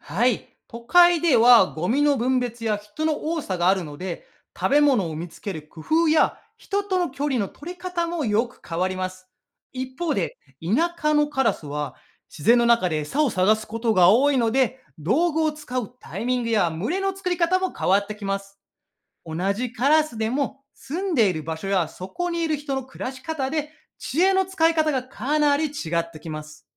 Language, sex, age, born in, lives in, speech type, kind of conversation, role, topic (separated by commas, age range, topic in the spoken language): Japanese, male, 35-39, Japan, Japan, read, expert-assistant, expert, どうしてカラスは賢いと言われているのですか？